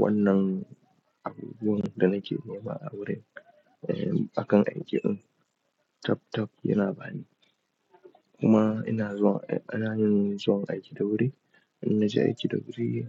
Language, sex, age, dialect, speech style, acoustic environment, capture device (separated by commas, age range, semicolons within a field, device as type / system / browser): Hausa, male, 18-24, Nigeria - Nigerian Standard, relaxed, appliances; people talking, desktop / Linux / Chrome